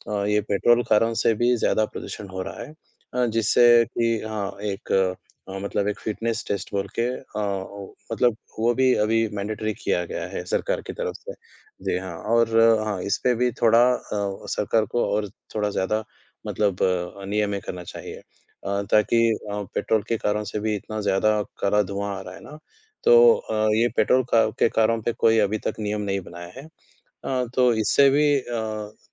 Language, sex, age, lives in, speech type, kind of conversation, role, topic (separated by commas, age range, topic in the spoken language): Hindi, male, 20-24, India, conversation, unstructured, none, क्या तकनीक के कारण पर्यावरण को नुकसान हो रहा है?